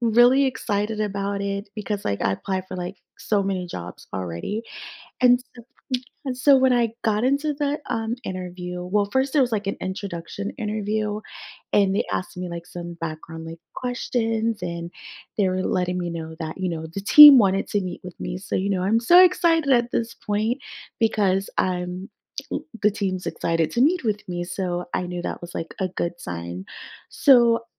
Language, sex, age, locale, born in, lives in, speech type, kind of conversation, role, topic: English, female, 30-34, en-US, United States, United States, conversation, advice, user, How can I bounce back and improve after a failed job interview?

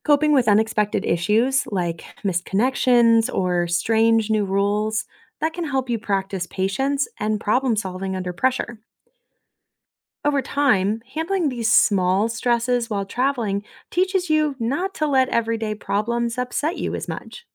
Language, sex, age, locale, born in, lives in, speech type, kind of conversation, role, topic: English, female, 30-34, en-US, United States, United States, read, expert-assistant, expert, What are the benefits of traveling to new places?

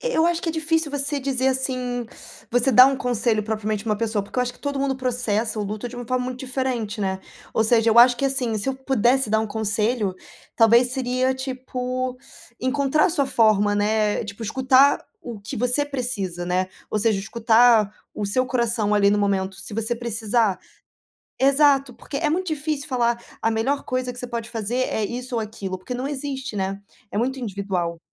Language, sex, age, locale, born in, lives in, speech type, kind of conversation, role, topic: Portuguese, female, 25-29, pt-BR, Brazil, Portugal, conversation, unstructured, none, Como você lida com a perda de alguém querido?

